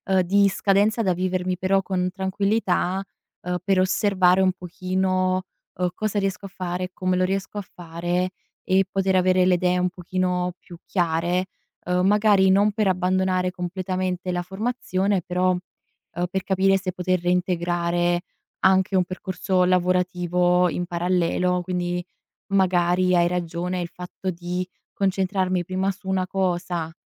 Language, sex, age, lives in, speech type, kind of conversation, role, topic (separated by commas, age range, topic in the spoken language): Italian, female, 20-24, Italy, conversation, advice, user, Come posso gestire il senso di colpa per non essere più produttivo come prima?